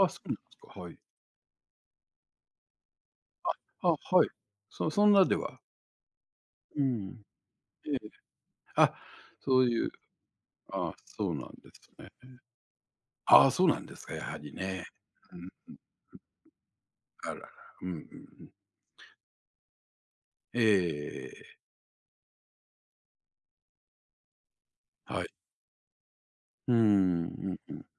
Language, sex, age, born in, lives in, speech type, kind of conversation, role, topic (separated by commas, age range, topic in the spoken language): Japanese, male, 60-64, Japan, Japan, conversation, advice, advisor, 健康診断で異常を指摘されて、これからのことが不安なのですが、どうすればよいですか？